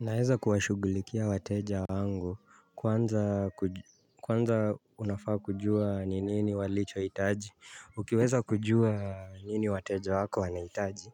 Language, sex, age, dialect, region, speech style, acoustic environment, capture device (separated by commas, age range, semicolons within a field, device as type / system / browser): Swahili, male, 25-34, Kenya - Nairobi Sheng-influenced, Kenya, relaxed, silence; nature, mobile / Linux / Chrome